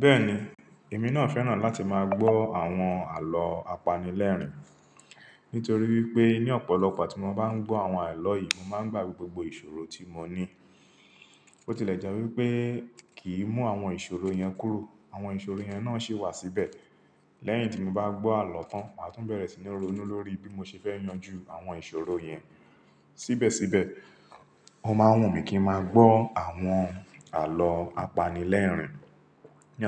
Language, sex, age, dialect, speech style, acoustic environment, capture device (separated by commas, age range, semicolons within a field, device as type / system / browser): Yoruba, male, 35-44, Nigeria - Lagos Yoruba, focused; relaxed, silence, mobile / Linux / Chrome